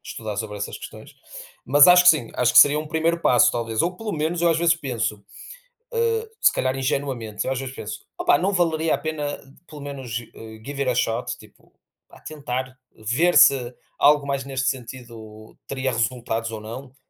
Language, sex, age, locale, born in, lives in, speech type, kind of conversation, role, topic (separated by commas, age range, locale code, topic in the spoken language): Portuguese, male, 30-34, pt-PT, Portugal, Portugal, conversation, unstructured, none, O que você acha do papel do governo na vida das pessoas?